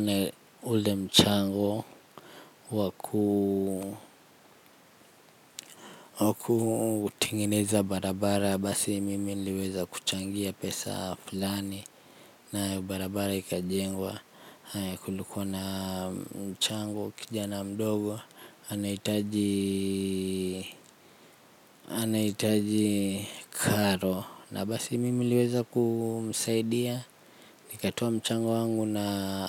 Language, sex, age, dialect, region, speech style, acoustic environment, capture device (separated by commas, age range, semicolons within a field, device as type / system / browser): Swahili, male, 25-34, Kenya - Nairobi Sheng-influenced, Kenya, relaxed, nature; silence, mobile / Linux / Chrome